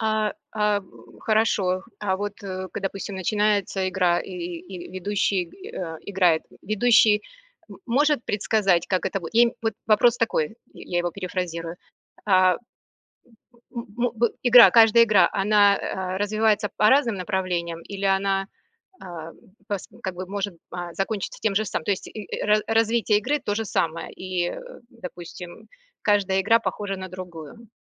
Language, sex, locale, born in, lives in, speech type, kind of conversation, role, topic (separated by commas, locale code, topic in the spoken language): Russian, female, ru-RU, Russia, United States, conversation, podcast, host, Какие простые советы ты бы честно дал новичку?